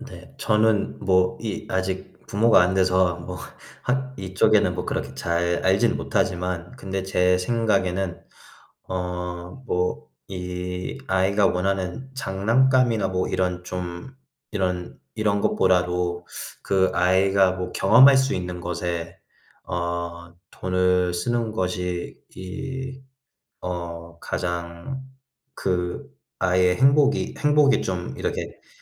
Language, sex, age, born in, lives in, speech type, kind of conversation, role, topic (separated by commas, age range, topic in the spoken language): Korean, male, 25-29, United States, United States, conversation, unstructured, none, 돈을 쓸 때 가장 중요하게 생각하는 것은 무엇인가요?